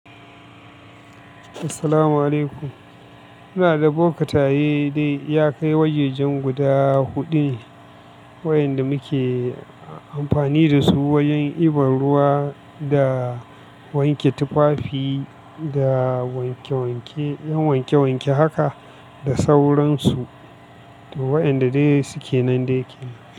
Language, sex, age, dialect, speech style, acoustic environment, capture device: Hausa, male, 25-34, Nigeria - Katsina, relaxed, appliances, mobile / Linux / Chrome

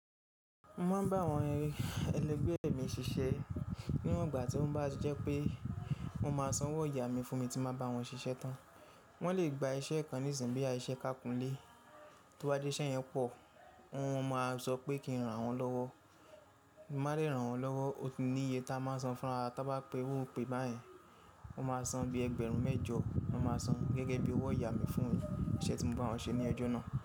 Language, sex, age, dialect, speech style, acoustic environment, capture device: Yoruba, male, 25-34, Nigeria - Ibadan, happy; focused, people talking; nature, mobile / Linux / Chrome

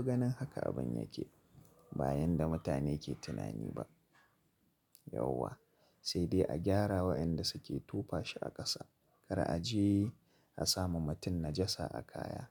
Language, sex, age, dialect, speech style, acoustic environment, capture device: Hausa, male, 25-34, Nigeria - Kano, relaxed; focused, traffic; silence, mobile / Linux / Chrome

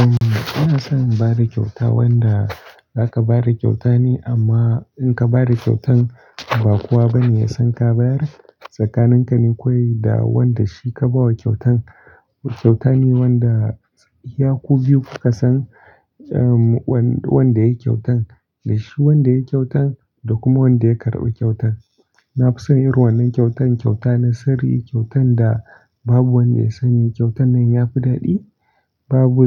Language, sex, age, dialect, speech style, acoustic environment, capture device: Hausa, male, 18-24, Nigeria - Kano, relaxed; focused, nature, desktop / Linux / Chrome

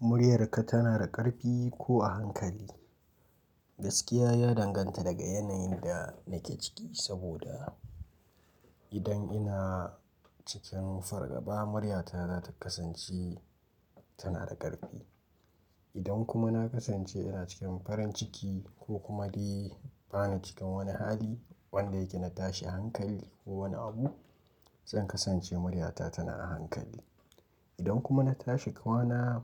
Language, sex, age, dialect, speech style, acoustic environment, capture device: Hausa, male, 18-24, Nigeria - Nigerian Standard, focused; relaxed, silence, mobile / Linux / Chrome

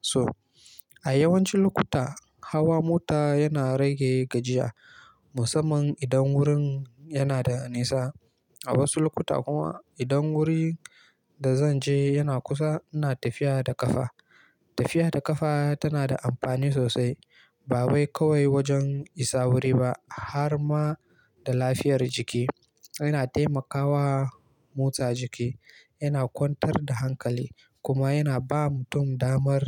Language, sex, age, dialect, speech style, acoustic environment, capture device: Hausa, male, 18-24, Nigeria - Kano, relaxed, silence, mobile / Linux / Chrome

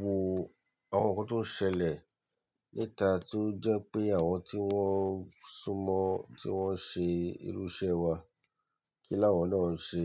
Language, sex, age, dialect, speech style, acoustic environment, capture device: Yoruba, male, 35-44, Nigeria - Lagos Yoruba, relaxed, nature; silence, mobile / Linux / Chrome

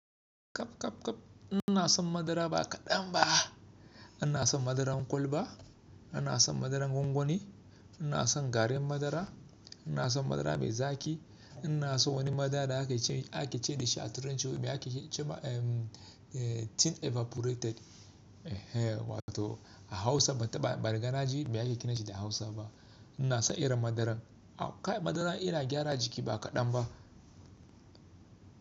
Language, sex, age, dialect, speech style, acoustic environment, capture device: Hausa, male, 25-34, Nigeria - Kano, focused, appliances, mobile / Linux / Chrome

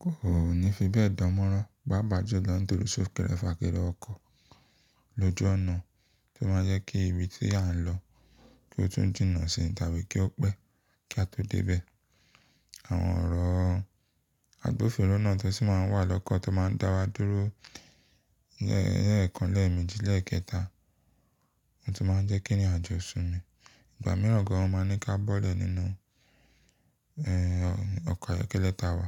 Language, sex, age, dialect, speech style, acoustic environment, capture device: Yoruba, male, 25-34, Nigeria - Lagos Yoruba, relaxed; focused, silence, mobile / Linux / Chrome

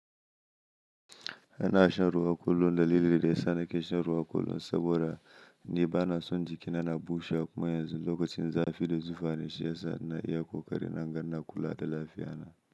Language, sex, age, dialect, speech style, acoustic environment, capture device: Hausa, male, 25-34, Nigeria - Nigerian Standard, happy; anxious, silence, mobile / Linux / Chrome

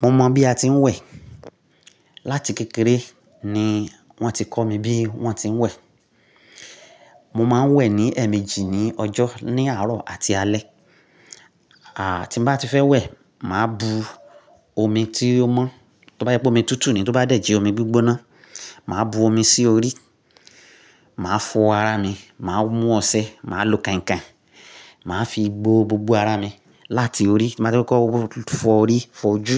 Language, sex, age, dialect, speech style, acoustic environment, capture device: Yoruba, male, 35-44, Nigeria - Nigerian Standard, relaxed; focused, silence, mobile / Linux / Chrome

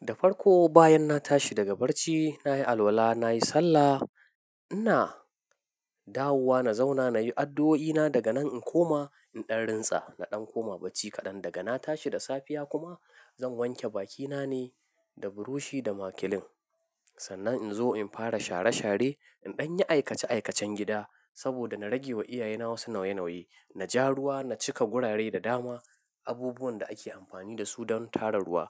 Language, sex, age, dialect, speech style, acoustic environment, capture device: Hausa, male, 25-34, Nigeria - Nigerian Standard, focused, silence, mobile / Linux / Chrome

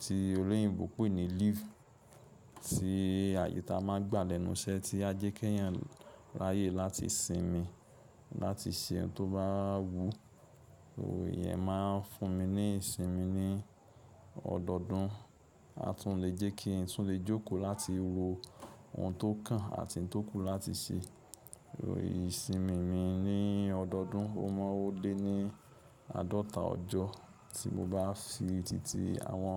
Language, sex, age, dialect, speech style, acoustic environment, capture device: Yoruba, male, 25-34, Nigeria - Lagos Yoruba, excited, silence, mobile / Linux / Chrome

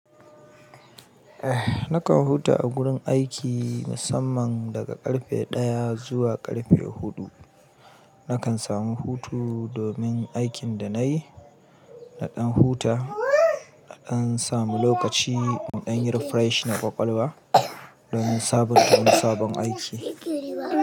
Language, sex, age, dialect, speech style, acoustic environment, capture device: Hausa, male, 35-44, Nigeria - Kano, happy, people talking, mobile / Linux / Chrome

